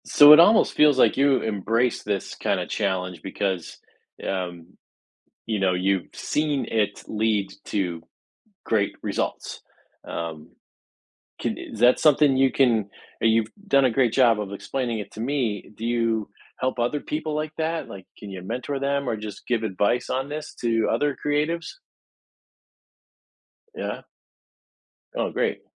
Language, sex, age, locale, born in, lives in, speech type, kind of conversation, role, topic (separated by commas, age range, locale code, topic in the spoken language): English, male, 50-54, en-US, United States, United States, conversation, podcast, host, How can setbacks and mistakes help us grow creatively?